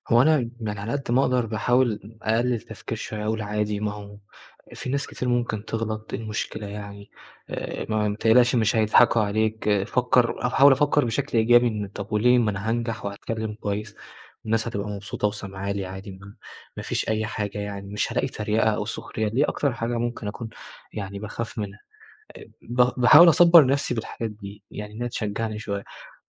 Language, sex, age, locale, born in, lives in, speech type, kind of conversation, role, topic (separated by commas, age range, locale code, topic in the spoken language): Arabic, male, 20-24, ar-EG, Egypt, Egypt, conversation, advice, user, إزاي بتتعامل مع خوفك من الكلام قدّام مجموعة من الناس؟